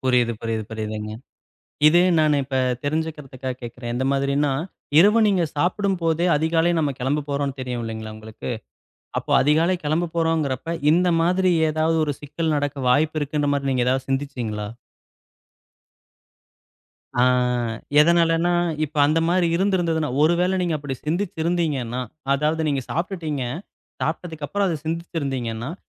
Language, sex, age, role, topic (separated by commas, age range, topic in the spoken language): Tamil, male, 35-39, host, பயணத்தின் போது உணவு விஷமடைந்த அனுபவம் உங்களுக்கு எப்படி இருந்தது?